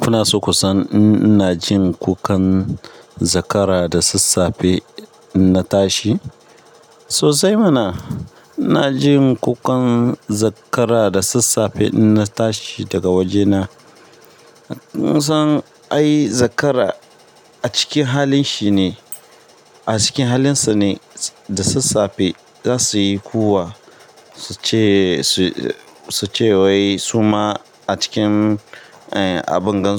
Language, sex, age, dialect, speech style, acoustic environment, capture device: Hausa, male, 25-34, Nigeria - Ibadan, tired; nervous, people talking; construction; appliances, mobile / Linux / Chrome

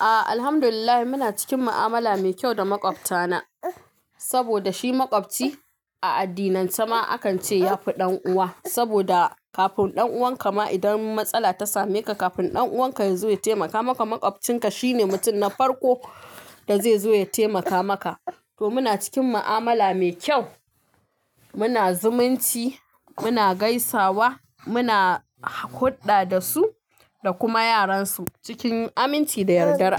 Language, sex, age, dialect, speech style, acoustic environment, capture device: Hausa, female, 25-34, Nigeria - Kano, happy, traffic, mobile / Linux / Chrome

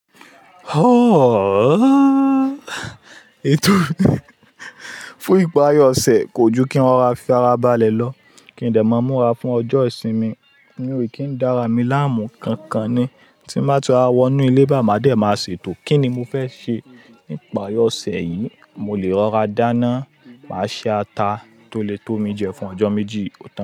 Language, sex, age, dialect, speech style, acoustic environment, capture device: Yoruba, male, 25-34, Nigeria - Lagos Yoruba, focused, people talking, mobile / Linux / Chrome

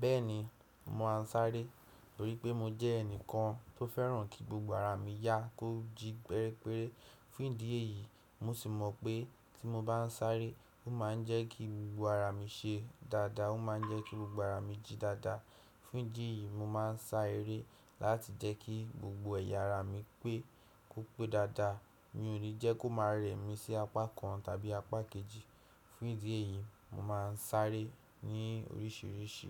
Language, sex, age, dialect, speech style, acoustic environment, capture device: Yoruba, male, 18-24, Nigeria - Nigerian Standard, happy; relaxed; excited, silence, desktop / Windows / Chrome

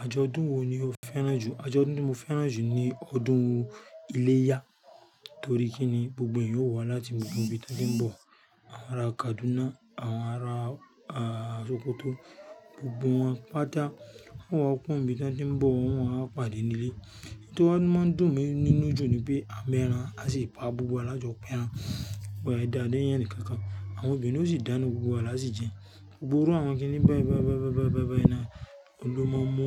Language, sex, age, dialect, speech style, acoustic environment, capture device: Yoruba, male, 35-44, Nigeria - Lagos Yoruba, focused, nature, mobile / Linux / Chrome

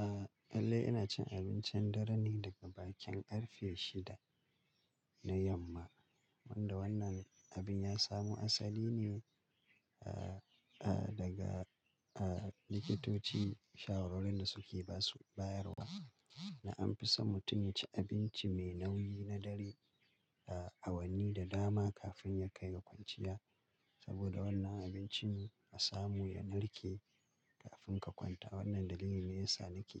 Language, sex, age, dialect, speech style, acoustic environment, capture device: Hausa, male, 35-44, Nigeria - Kano, relaxed, silence, mobile / Linux / Chrome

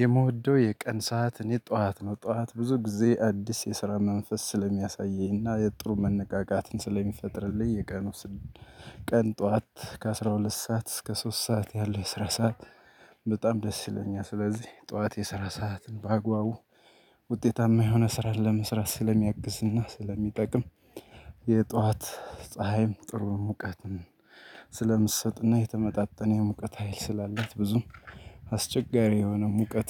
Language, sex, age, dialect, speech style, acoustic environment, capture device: Amharic, male, 35-44, Ethiopia - Gojjam, happy; excited; focused; relaxed, nature, desktop / Linux / Chrome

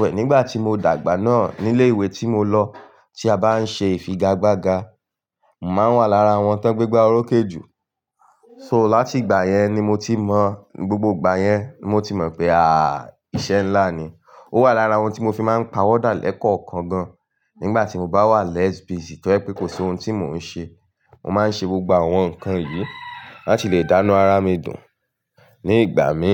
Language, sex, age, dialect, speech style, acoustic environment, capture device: Yoruba, male, 18-24, Nigeria - Ibadan, relaxed; focused, silence, mobile / Linux / Chrome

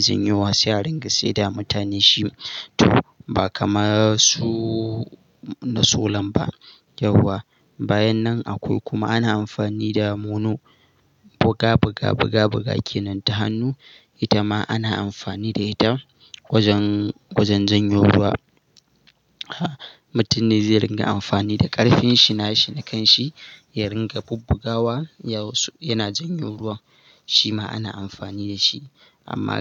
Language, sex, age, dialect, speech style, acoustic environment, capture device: Hausa, male, 18-24, Nigeria - Katsina, happy, silence, mobile / Linux / Chrome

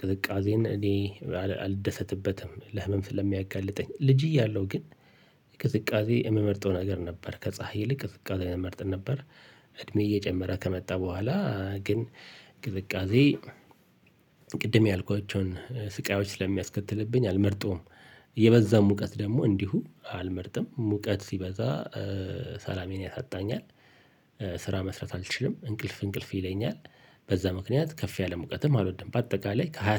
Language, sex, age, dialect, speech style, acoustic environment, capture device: Amharic, male, 45-54, Ethiopia - Addis Ababa, relaxed, traffic, mobile / Linux / Chrome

